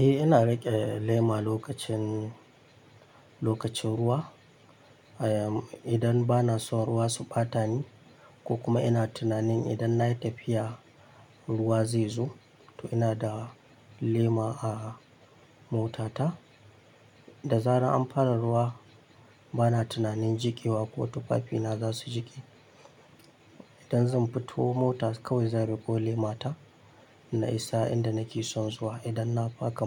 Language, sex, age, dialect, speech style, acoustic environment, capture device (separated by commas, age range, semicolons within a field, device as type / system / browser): Hausa, male, 25-34, Nigeria - Kano, happy; excited, nature, mobile / Linux / Chrome